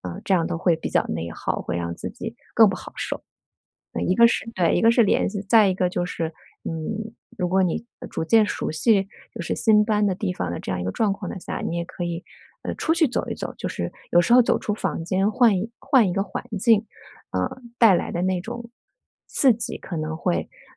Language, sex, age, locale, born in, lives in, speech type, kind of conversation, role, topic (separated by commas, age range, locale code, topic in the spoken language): Chinese, female, 40-44, zh-CN, China, United States, conversation, advice, advisor, 搬家后感到孤独又想家，该怎么办？